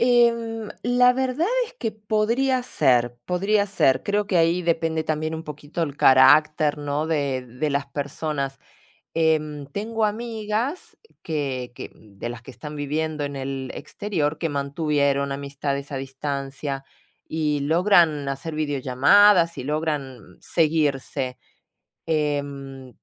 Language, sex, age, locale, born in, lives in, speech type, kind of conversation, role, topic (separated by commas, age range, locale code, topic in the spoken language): Spanish, female, 45-49, es-AR, Argentina, Italy, conversation, unstructured, none, ¿Crees que la distancia afecta las amistades? ¿Por qué?